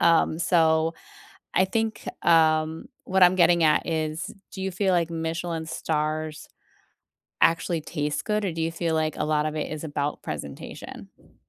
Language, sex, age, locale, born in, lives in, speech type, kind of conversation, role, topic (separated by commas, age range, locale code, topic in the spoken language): English, female, 40-44, en-US, United States, United States, conversation, unstructured, none, What is a meal you remember vividly, and what made it special for you?